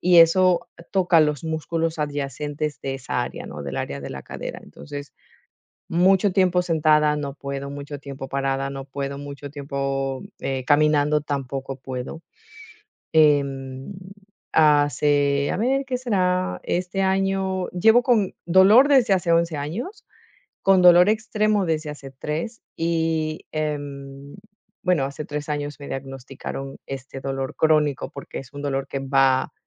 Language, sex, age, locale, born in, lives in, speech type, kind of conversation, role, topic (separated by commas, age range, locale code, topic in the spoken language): Spanish, female, 40-44, es-EC, Ecuador, Netherlands, conversation, podcast, guest, ¿Qué situación te hizo replantear tus prioridades de golpe?